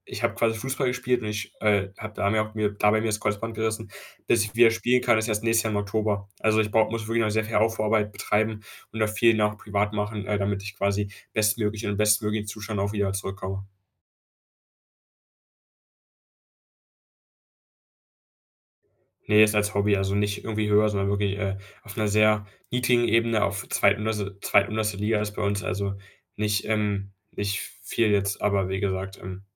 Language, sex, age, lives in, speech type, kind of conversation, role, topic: German, male, 18-19, Germany, conversation, advice, user, Wie setze ich nach einem unvorhergesehenen Ereignis meine Prioritäten neu?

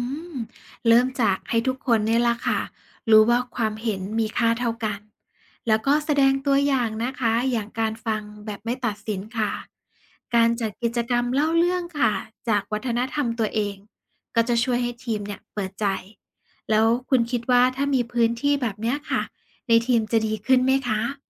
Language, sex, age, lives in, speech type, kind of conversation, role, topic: Thai, female, 35-39, Thailand, read, expert-assistant, expert, เทคนิคแบบไหนที่ช่วยให้เราคุยกับคนต่างวัฒนธรรมได้อย่างลื่นไหล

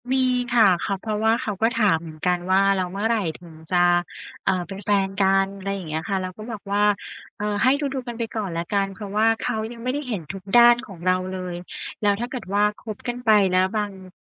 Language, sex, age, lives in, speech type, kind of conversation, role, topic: Thai, female, 35-39, Thailand, conversation, advice, user, ฉันจะรักษาความเป็นตัวของตัวเองไว้ในความสัมพันธ์ได้อย่างไร?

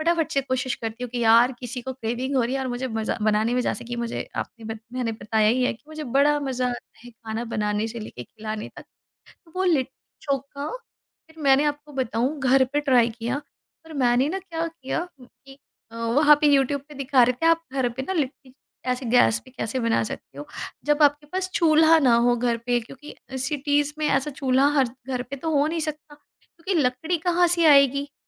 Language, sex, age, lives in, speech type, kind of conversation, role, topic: Hindi, female, 35-39, India, conversation, podcast, guest, Kisi destination par khane ya local dish se judi apni koi yaad share karoge?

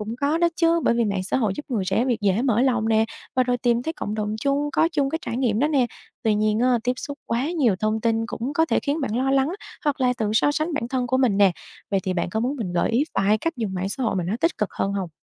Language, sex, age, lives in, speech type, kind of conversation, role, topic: Vietnamese, female, 25-29, Vietnam, read, expert-assistant, expert, Người Việt mình có cách chịu đau khác với người nước ngoài không? Điều gì làm nên sự khác biệt đó?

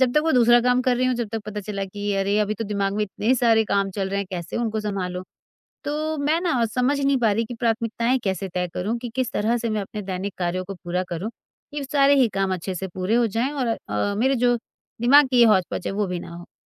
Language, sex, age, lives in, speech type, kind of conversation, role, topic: Hindi, female, 40-44, India, conversation, advice, user, दैनिक कार्यों की प्राथमिकता तय न कर पाना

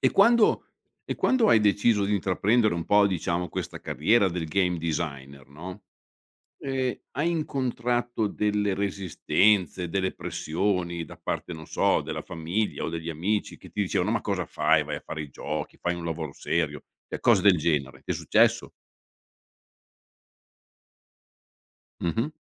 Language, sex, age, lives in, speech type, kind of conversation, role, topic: Italian, male, 55-59, Italy, conversation, podcast, host, Come hai scoperto che cosa ti appassiona davvero nella vita?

